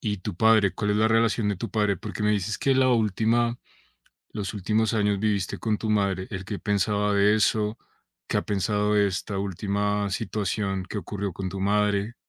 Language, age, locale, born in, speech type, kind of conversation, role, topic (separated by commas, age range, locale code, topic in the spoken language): Spanish, 30-34, es-CO, Colombia, conversation, advice, advisor, ¿Cómo puedo dejar el pasado atrás después de una ruptura y seguir adelante?